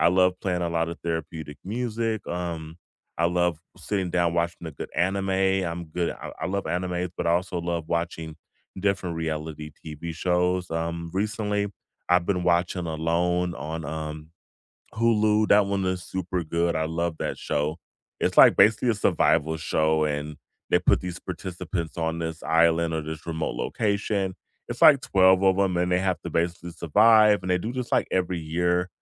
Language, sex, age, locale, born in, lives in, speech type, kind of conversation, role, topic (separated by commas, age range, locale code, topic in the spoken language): English, male, 35-39, en-US, United States, United States, conversation, unstructured, none, How do you recharge after a long day?